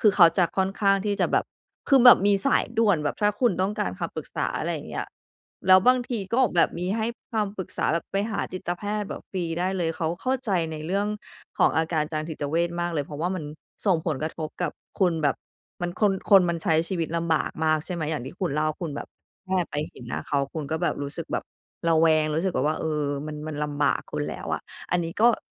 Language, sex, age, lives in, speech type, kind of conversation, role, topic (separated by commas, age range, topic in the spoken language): Thai, female, 35-39, United States, conversation, advice, advisor, สภาพแวดล้อมรอบตัวมักกระตุ้นให้นิสัยไม่ดีของฉันกำเริบ ฉันควรทำอย่างไร?